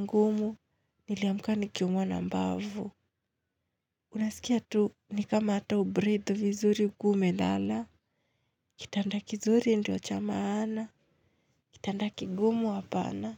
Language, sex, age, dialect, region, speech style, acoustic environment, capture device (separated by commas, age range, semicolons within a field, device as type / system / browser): Swahili, female, 25-34, Kenya - Nairobi Sheng-influenced, Kenya, happy, silence, mobile / Linux / Chrome